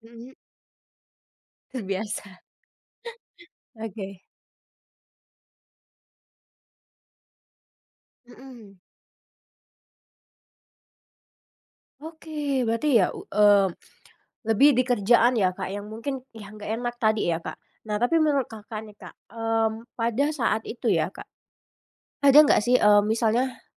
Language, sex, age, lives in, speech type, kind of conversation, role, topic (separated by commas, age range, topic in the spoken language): Indonesian, female, 25-29, Indonesia, conversation, podcast, host, Kenapa banyak orang susah bilang tidak?